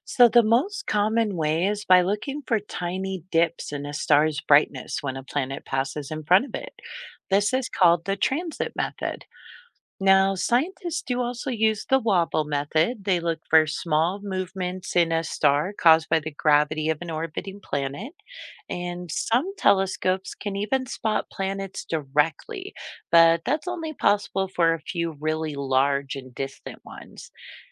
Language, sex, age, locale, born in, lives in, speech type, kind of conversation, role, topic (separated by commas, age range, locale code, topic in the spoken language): English, female, 45-49, en-US, United States, United States, read, expert-assistant, expert, How do scientists discover new planets?